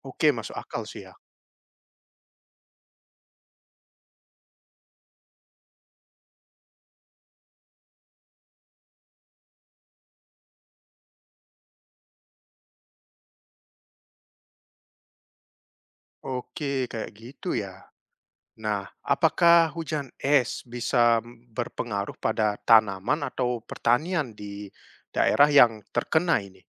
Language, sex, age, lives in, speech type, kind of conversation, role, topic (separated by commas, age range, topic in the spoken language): Indonesian, male, 30-34, Netherlands, read, expert-assistant, asker, Bagaimana proses terjadinya hujan es?